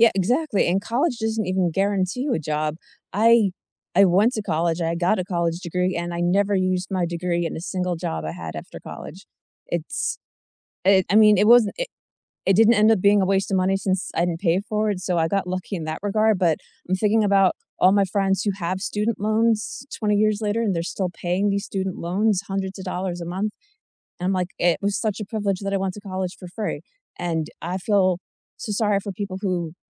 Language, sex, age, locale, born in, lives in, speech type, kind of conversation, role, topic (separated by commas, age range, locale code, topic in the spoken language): English, female, 40-44, en-US, United States, United States, conversation, unstructured, none, What does financial freedom mean to you?